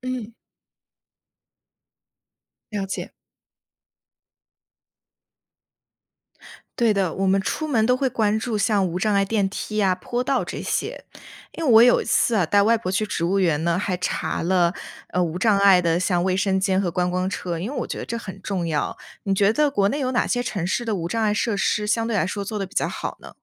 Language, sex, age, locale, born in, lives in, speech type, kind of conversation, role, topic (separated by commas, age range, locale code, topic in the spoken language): Chinese, female, 20-24, zh-CN, China, United States, read, expert-assistant, asker, 计划多代同游时，你通常采用哪种行程安排方式来平衡大家的兴趣？